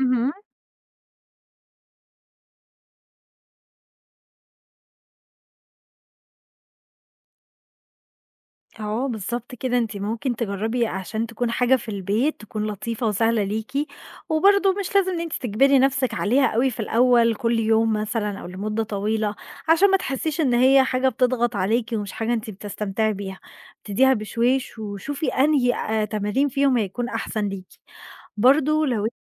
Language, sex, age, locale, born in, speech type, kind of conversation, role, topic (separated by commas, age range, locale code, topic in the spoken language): Arabic, female, 20-24, ar-EG, Egypt, conversation, advice, advisor, ازاي استخدام الموبايل أو الكمبيوتر قبل النوم بيمنعك إنك تسترخي؟